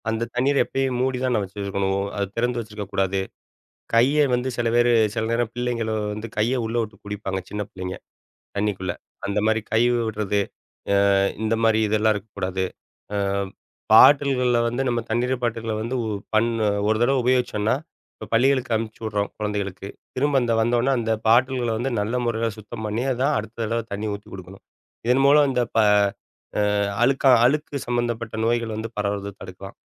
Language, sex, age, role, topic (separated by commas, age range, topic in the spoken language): Tamil, male, 40-44, guest, தண்ணீரை சுத்தம் செய்வது குறித்து என்னென்ன ஆலோசனைகள் சொல்லலாம்?